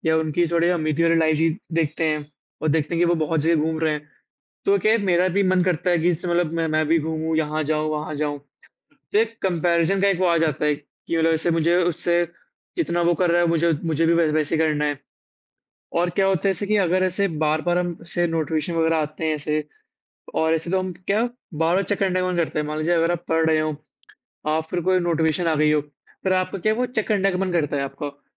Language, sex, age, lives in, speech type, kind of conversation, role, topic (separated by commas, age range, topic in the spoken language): Hindi, male, 18-19, India, conversation, unstructured, none, आपके जीवन पर सामाजिक माध्यमों का क्या प्रभाव पड़ता है?